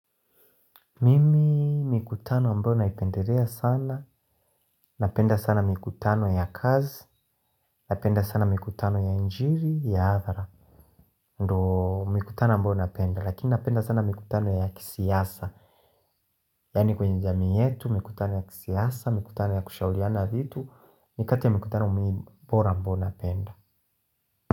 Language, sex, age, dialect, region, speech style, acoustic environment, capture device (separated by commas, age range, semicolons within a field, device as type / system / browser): Swahili, male, 25-34, Tanzania - Dar es Salaam, Tanzania, happy, silence, mobile / Linux / Chrome